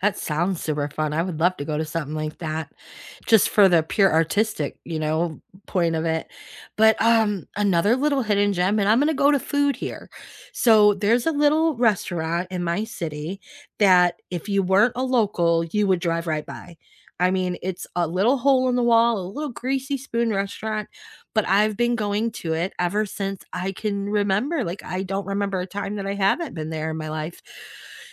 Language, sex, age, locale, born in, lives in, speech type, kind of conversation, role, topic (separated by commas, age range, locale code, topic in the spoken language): English, female, 50-54, en-US, United States, United States, conversation, unstructured, none, What hidden gems in your city do you always recommend to visitors?